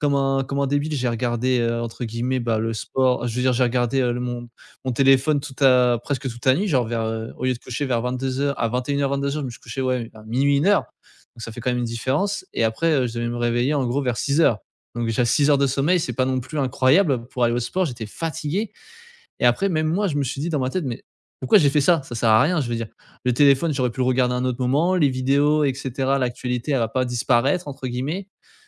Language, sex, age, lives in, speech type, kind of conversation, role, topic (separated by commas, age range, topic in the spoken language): French, male, 20-24, France, conversation, podcast, guest, Comment gères-tu la fatigue liée aux réseaux sociaux ?